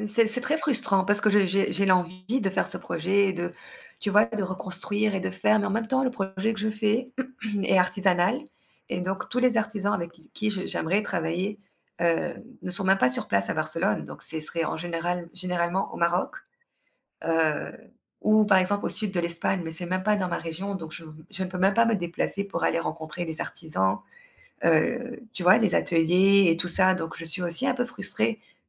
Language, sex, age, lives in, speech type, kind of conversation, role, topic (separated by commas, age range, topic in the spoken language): French, female, 35-39, Spain, conversation, advice, user, Comment puis-je mieux équilibrer ma vie personnelle avec le rythme intense d’une startup ?